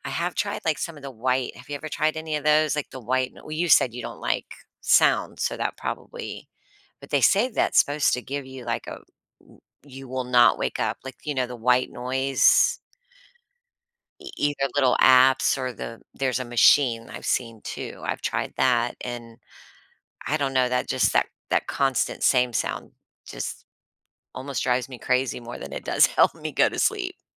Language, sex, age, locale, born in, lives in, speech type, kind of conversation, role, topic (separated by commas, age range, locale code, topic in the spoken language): English, female, 45-49, en-US, United States, United States, conversation, podcast, host, How does getting enough sleep impact your health and daily life?